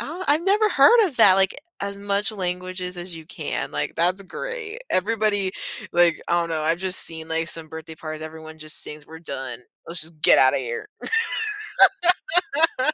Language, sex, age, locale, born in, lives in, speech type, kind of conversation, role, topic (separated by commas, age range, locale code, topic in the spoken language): English, female, 18-19, en-US, United States, United States, conversation, podcast, guest, Why do shared celebrations play such an important role in building community and connection?